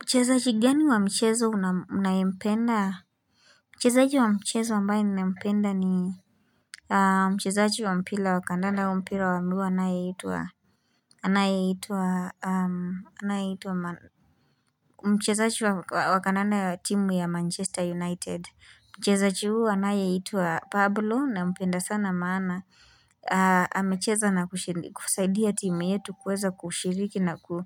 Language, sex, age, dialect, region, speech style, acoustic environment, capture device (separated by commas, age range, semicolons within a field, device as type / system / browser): Swahili, female, 18-24, Kenya - Nairobi Sheng-influenced, Kenya, relaxed, silence, mobile / Linux / Chrome